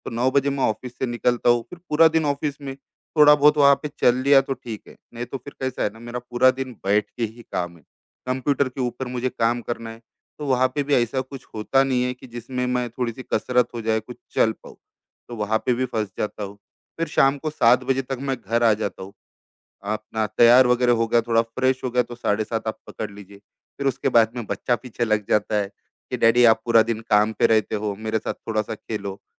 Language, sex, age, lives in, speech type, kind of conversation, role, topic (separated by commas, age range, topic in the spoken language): Hindi, male, 35-39, India, conversation, advice, user, मैं अपने लक्ष्य कैसे स्पष्ट करूँ और प्राथमिकताएँ कैसे तय करूँ?